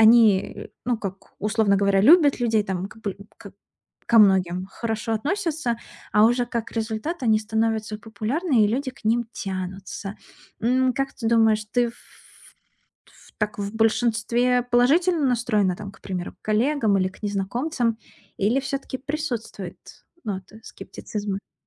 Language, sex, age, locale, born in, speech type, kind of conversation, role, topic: Russian, female, 30-34, ru-RU, Russia, conversation, advice, advisor, Как мне легче находить общий язык с разными группами людей на вечеринке?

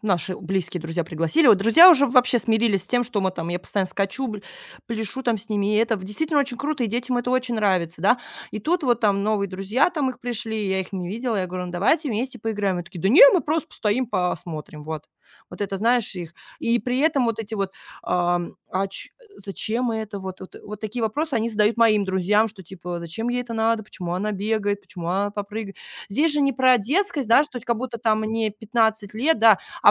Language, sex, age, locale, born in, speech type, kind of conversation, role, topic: Russian, female, 30-34, ru-RU, Russia, conversation, advice, user, Как научиться быть искренним с людьми и не бояться показывать своё настоящее «я»?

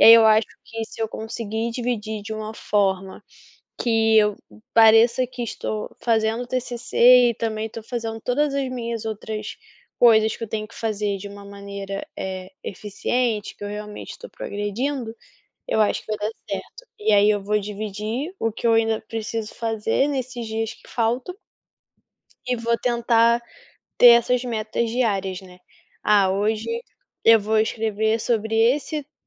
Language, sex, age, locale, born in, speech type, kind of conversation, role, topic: Portuguese, female, 20-24, pt-BR, Brazil, conversation, advice, user, Por que eu procrastino com frequência ao iniciar tarefas importantes?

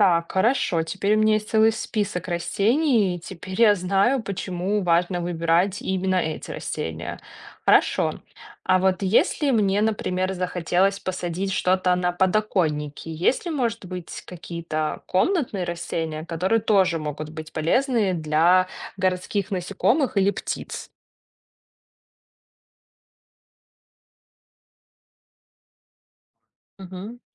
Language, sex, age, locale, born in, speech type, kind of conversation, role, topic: Russian, female, 30-34, ru-RU, Belarus, read, expert-assistant, asker, Какие привычки дома помогают сохранять животных в природе?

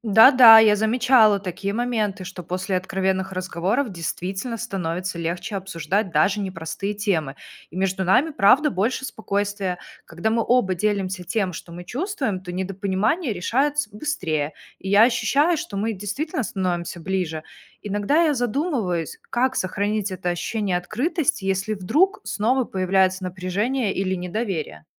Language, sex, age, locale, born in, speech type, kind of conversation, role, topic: Russian, female, 30-34, ru-RU, Belarus, read, expert-assistant, asker, Как научиться быть честным и тактичным одновременно в отношениях?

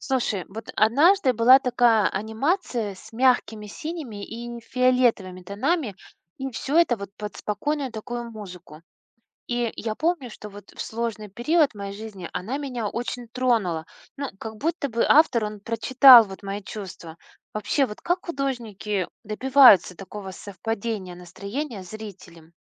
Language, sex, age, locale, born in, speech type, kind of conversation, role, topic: Russian, female, 40-44, ru-RU, Russia, read, expert-assistant, asker, Почему цифровое искусство вызывает сильные эмоции?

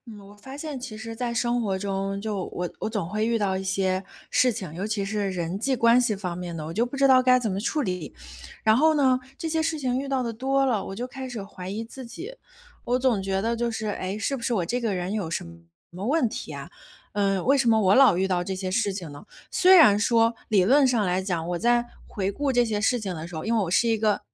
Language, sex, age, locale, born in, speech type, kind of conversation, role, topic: Chinese, female, 30-34, zh-CN, China, conversation, advice, user, 我总是怀疑自己，怎样才能变得更自信？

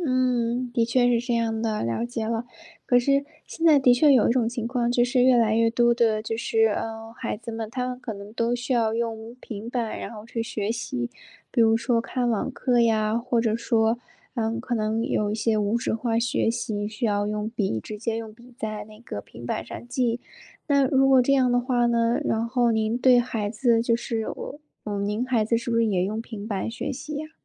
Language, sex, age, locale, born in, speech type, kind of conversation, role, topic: Chinese, female, 25-29, zh-CN, China, conversation, podcast, host, 你觉得手机会对亲友关系带来哪些影响？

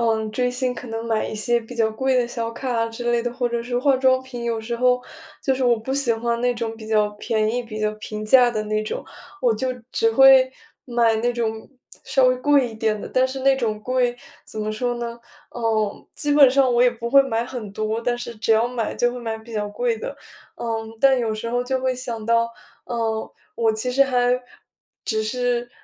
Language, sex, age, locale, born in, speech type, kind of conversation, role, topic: Chinese, female, 20-24, zh-CN, China, conversation, advice, user, 我怎样才能抵抗诱惑，避免短期满足并坚持长期目标？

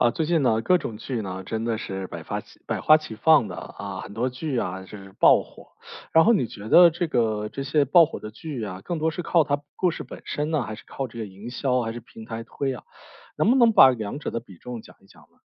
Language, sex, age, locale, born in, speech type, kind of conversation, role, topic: Chinese, male, 35-39, zh-CN, China, conversation, podcast, host, 你觉得一部剧之所以能爆火，最关键的因素有哪些？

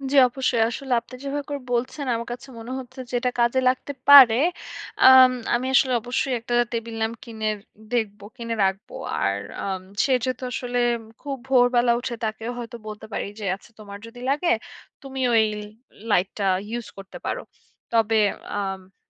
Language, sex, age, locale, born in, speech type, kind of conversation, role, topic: Bengali, female, 25-29, bn-BD, Bangladesh, conversation, advice, user, শেয়ার করা কর্মস্থলে আমি কীভাবে মনোযোগ ধরে রাখতে পারি?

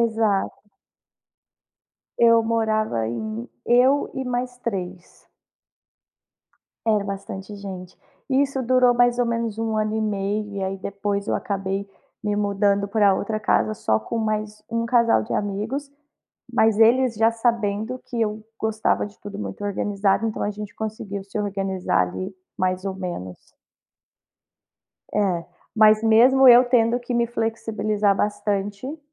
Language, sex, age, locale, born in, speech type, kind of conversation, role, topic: Portuguese, female, 30-34, pt-BR, Brazil, conversation, podcast, guest, Como você divide as tarefas com quem mora com você?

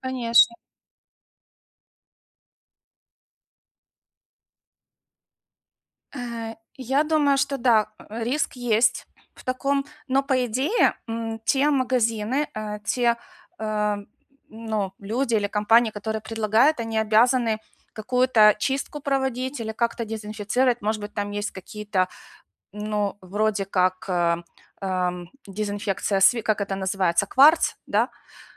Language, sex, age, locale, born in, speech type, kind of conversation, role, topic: Russian, female, 45-49, ru-UA, Ukraine, conversation, advice, advisor, Как экономно покупать одежду и подарки, не теряя стиль и качество?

